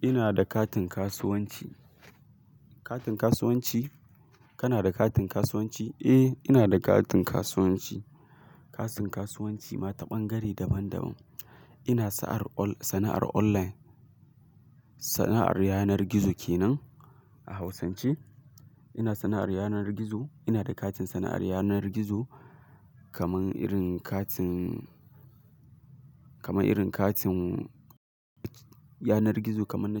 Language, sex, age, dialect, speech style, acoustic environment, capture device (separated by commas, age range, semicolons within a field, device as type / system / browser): Hausa, male, 18-24, Nigeria - Kano, excited; focused, silence, mobile / Linux / Chrome